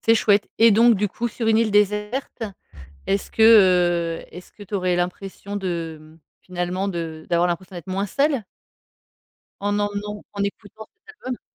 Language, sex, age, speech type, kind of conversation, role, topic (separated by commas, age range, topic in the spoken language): French, female, 40-44, conversation, podcast, host, Quel album emporterais-tu sur une île déserte ?